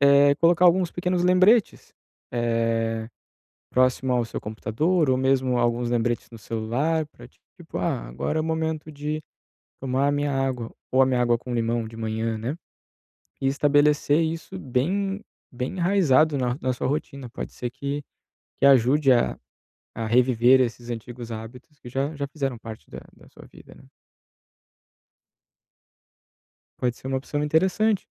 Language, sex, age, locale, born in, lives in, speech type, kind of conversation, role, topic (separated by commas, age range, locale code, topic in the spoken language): Portuguese, male, 30-34, pt-BR, Brazil, Italy, conversation, advice, advisor, Como posso parar de me sabotar e mudar hábitos ruins?